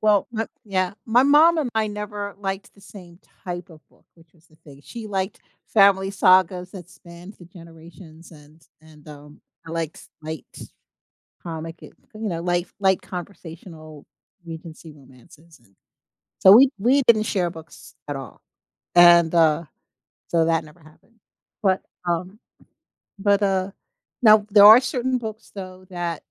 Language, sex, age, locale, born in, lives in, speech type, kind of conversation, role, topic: English, female, 60-64, en-US, United States, United States, conversation, unstructured, none, How has technology changed the way we experience reading?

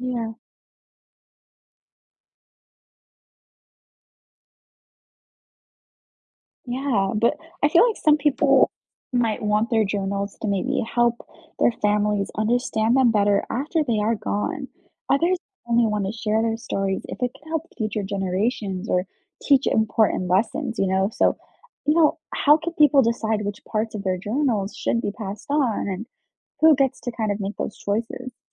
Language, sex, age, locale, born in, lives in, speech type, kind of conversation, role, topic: English, female, 20-24, en-US, United States, United States, read, expert-assistant, asker, What would happen if everyone kept a daily journal?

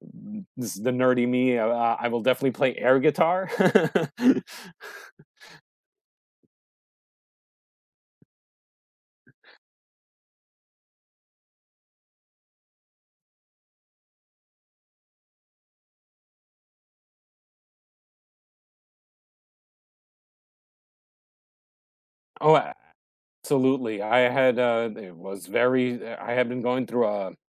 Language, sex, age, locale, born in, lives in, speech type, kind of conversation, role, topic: English, male, 45-49, en-US, United States, United States, conversation, unstructured, none, What are your favorite joyful ways to move your body?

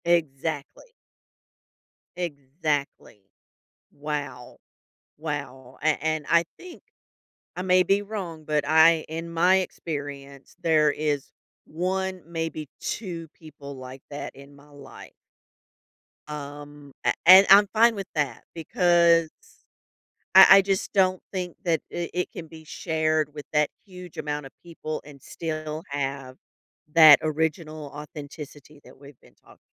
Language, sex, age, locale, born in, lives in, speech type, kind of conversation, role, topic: English, female, 45-49, en-US, United States, United States, conversation, podcast, host, What qualities help friendships last through challenges and change?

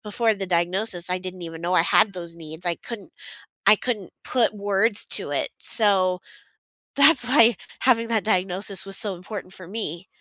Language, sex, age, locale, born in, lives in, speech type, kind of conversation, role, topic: English, female, 35-39, en-US, United States, United States, conversation, unstructured, none, How do you handle disagreements so that you both feel heard and stay connected?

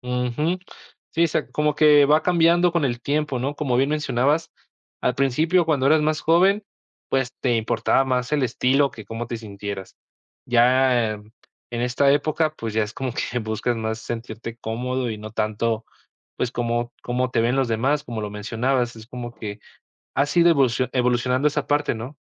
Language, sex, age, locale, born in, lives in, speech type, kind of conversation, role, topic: Spanish, male, 20-24, es-MX, Mexico, Mexico, conversation, podcast, host, ¿Qué prenda nunca falta en tu armario y por qué?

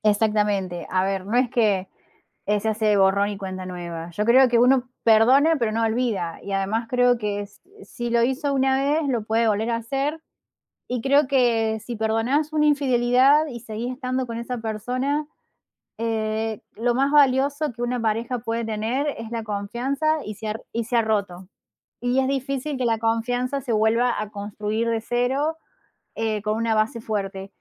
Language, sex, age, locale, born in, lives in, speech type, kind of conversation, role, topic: Spanish, female, 30-34, es-AR, Argentina, Netherlands, conversation, unstructured, none, ¿Es correcto perdonar una infidelidad?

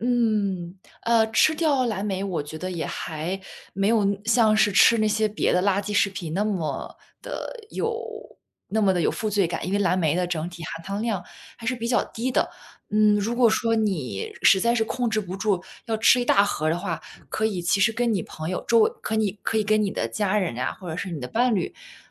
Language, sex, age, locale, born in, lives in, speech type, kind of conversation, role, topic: Chinese, female, 25-29, zh-CN, China, United States, conversation, advice, advisor, 情绪低落时总想暴饮暴食，我该如何控制这种情绪性进食的冲动？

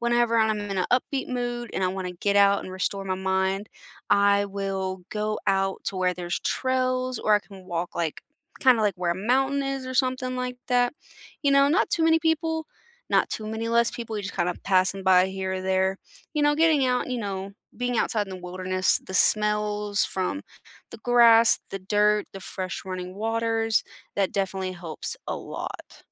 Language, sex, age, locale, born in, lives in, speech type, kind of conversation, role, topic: English, female, 20-24, en-US, United States, United States, conversation, unstructured, none, Which outdoor places restore your mind, and how can we enjoy them or learn from them together?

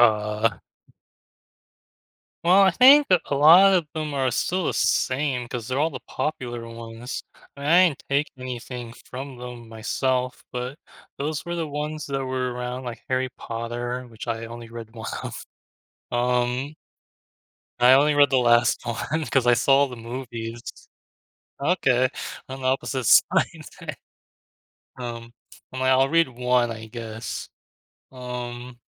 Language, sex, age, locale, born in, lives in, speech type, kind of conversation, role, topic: English, male, 25-29, en-US, United States, United States, conversation, unstructured, none, How do the stories we loved as children shape our ideas about what it means to be a hero?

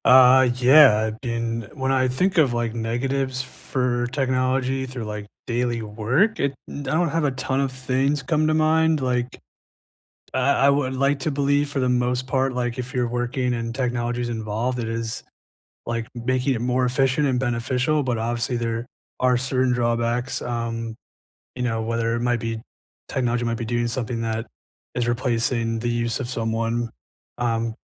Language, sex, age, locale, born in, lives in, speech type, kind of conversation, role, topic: English, male, 25-29, en-US, United States, United States, conversation, unstructured, none, How has technology changed your daily work, and which changes help or hinder you?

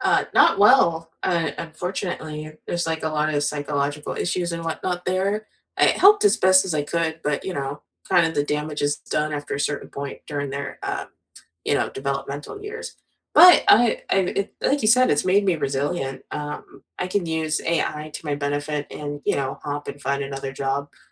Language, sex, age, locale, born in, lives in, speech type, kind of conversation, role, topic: English, female, 30-34, en-US, United States, United States, conversation, unstructured, none, What did your first job teach you, and how does it still shape you?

